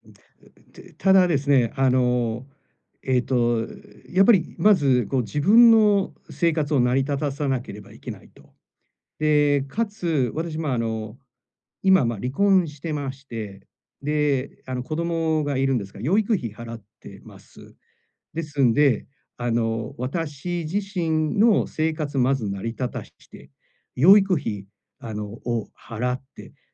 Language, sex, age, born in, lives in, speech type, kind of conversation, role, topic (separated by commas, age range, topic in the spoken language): Japanese, male, 45-49, Japan, Japan, conversation, advice, user, 返済力を高めるために、予算はどのように管理すればよいですか？